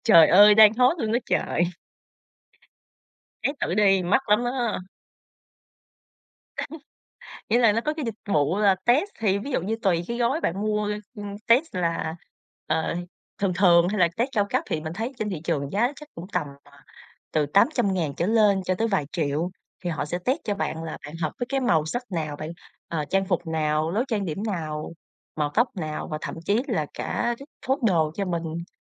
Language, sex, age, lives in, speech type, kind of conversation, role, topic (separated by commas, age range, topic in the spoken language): Vietnamese, female, 25-29, Vietnam, conversation, podcast, guest, Trang phục nào khiến bạn tự tin nhất?